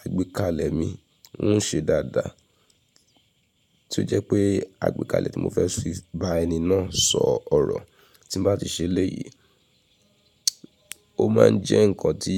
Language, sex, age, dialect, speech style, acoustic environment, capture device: Yoruba, female, 35-44, Nigeria - Nigerian Standard, relaxed, silence, mobile / Linux / Chrome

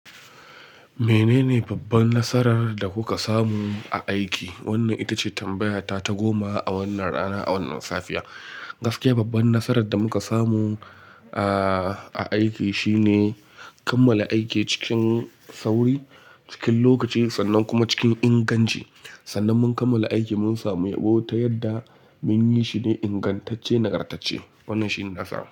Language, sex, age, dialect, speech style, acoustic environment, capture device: Hausa, male, 25-34, Nigeria - Kano, relaxed, silence, mobile / Linux / Chrome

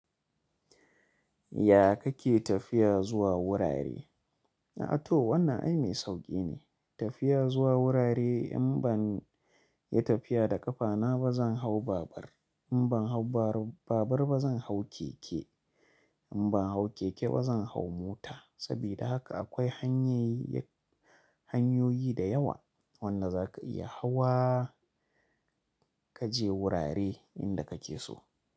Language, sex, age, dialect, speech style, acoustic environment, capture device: Hausa, male, 25-34, Nigeria - Kano, happy; focused; relaxed, silence, mobile / Linux / Chrome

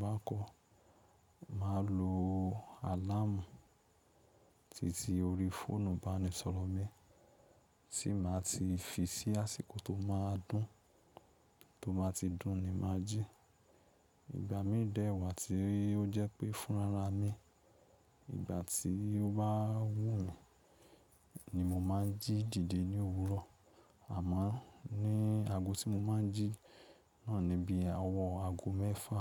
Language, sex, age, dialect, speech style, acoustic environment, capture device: Yoruba, male, 25-34, Nigeria - Lagos Yoruba, excited, silence, mobile / Linux / Chrome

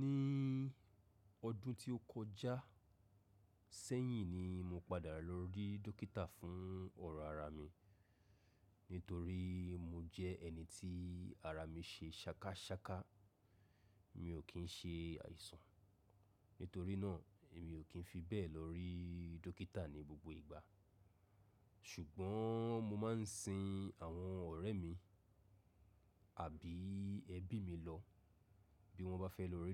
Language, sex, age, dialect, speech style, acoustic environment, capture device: Yoruba, male, 35-44, Nigeria - Lagos Yoruba, relaxed, silence, mobile / macOS / Safari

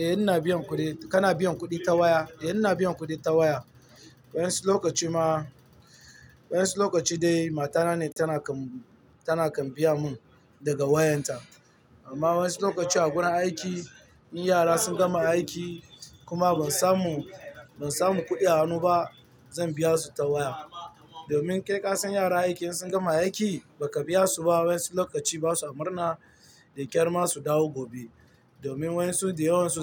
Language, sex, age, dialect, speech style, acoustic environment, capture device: Hausa, male, 18-24, Nigeria - Lagos Yoruba, nervous; tired, nature, mobile / Linux / Chrome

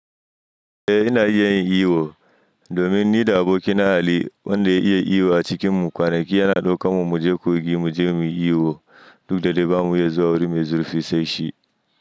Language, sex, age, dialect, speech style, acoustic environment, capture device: Hausa, male, 25-34, Nigeria - Nigerian Standard, happy, silence; nature, mobile / Linux / Chrome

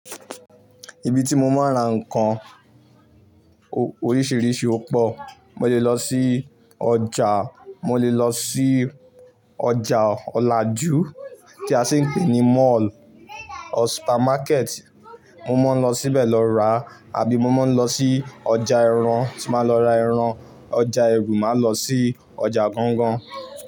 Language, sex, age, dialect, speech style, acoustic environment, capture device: Yoruba, male, 18-24, Nigeria - Ibadan, excited, silence, mobile / Linux / Chrome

